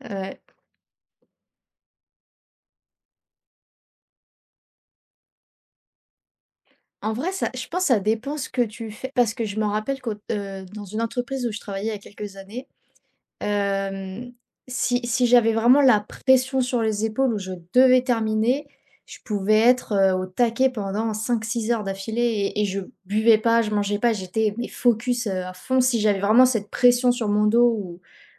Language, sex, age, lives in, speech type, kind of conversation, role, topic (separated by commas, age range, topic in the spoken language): French, female, 20-24, France, conversation, unstructured, none, Comment organises-tu ta journée pour être plus productif ?